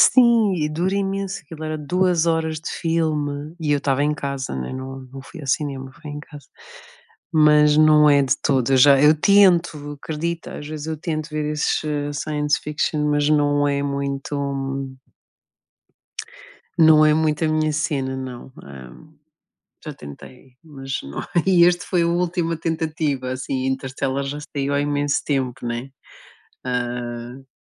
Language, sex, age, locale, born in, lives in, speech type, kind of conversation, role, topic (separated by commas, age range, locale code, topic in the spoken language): Portuguese, female, 40-44, pt-PT, Portugal, Portugal, conversation, unstructured, none, Quais são as suas séries de TV favoritas e por que elas são tão cativantes?